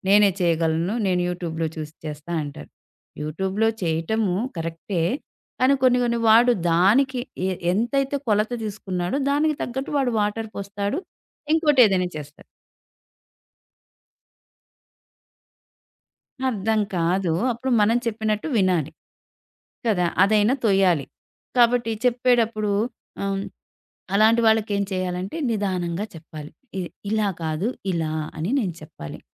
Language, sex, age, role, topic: Telugu, female, 40-44, guest, పాఠకులకు పని స్పష్టంగా అర్థమయ్యేలా దాన్ని ఎలా పంచుకోవాలి?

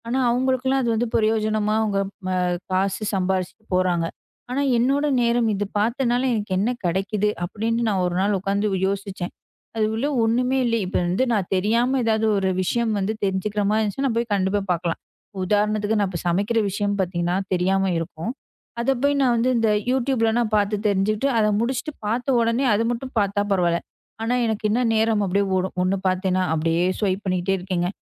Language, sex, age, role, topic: Tamil, female, 25-29, guest, சமூக ஊடகங்களில் செலவிடும் நேரத்தை நீங்கள் எப்படி கட்டுப்படுத்திக்கொள்கிறீர்கள்?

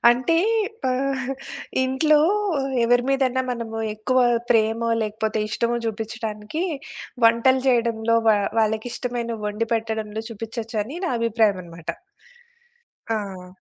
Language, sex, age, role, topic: Telugu, female, 40-44, guest, వంటను ఆటలా తీసుకుని కొత్త రుచుల్ని ఎలా ప్రయత్నిస్తారు?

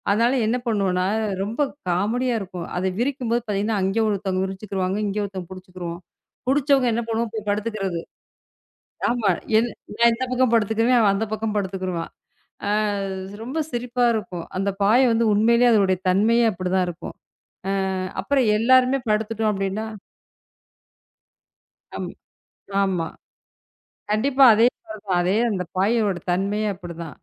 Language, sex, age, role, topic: Tamil, female, 35-39, guest, ஒரே மேசையில் குடும்பமாகச் சேர்ந்து சாப்பிடுவது வீட்டிற்கு என்ன அர்த்தம்?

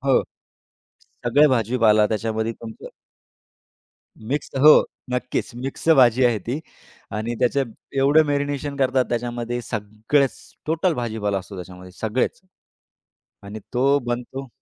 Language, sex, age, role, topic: Marathi, male, 35-39, guest, एखाद्या नव्या चवीने तुम्हाला कधी आश्चर्यचकित केलं आहे का? तो अनुभव सांगाल का?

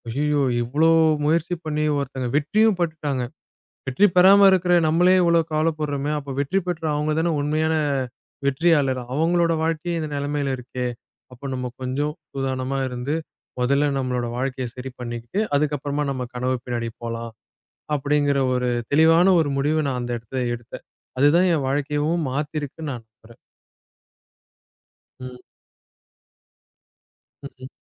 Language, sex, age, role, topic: Tamil, male, 20-24, guest, குழந்தைகளின் கனவுகளுக்கும் குடும்பப் பரம்பரியத்திற்கும் இடையில் நீங்கள் சமநிலையை எப்படி ஏற்படுத்துகிறீர்கள்?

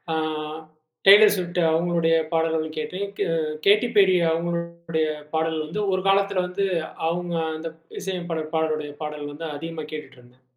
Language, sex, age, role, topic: Tamil, male, 35-39, guest, பிரிவு அனுபவங்களும் சந்தோஷமான தருணங்களும் உங்கள் இசையை மாற்றியதா?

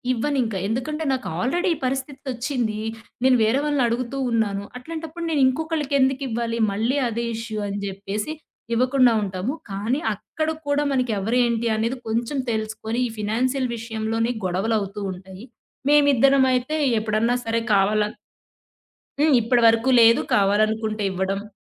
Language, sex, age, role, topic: Telugu, female, 30-34, guest, నిజమైన స్నేహితులను మీరు ఎలా గుర్తించగలరు?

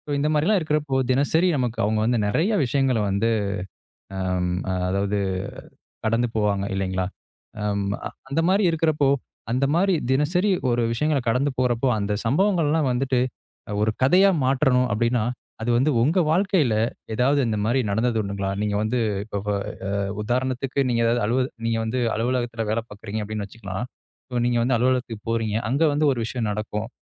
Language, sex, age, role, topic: Tamil, male, 25-29, host, தினசரி சம்பவங்களை மனதை ஈர்க்கும் கதையாக மாற்ற என்ன உதவும்?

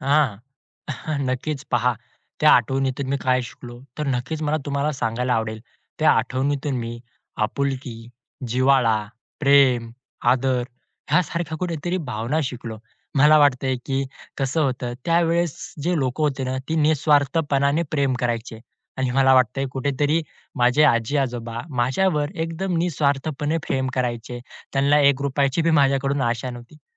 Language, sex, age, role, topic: Marathi, male, 20-24, guest, तुम्हाला बालपणीची कुटुंबासोबतची कोणती आठवण सर्वात जास्त आवडते?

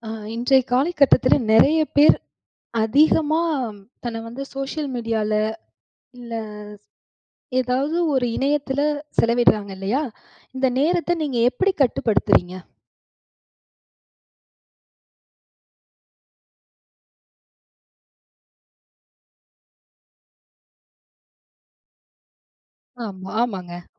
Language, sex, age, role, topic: Tamil, female, 35-39, host, நீங்கள் தினசரி திரை நேரத்தை எப்படிக் கட்டுப்படுத்துகிறீர்கள்?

